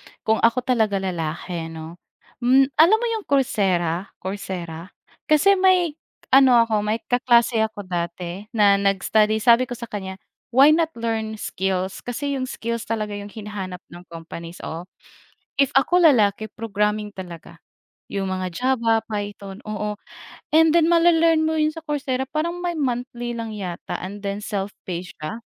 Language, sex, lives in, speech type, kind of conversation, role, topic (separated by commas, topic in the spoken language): Filipino, female, Philippines, conversation, unstructured, none, Paano mo hinaharap ang pagdududa sa sarili?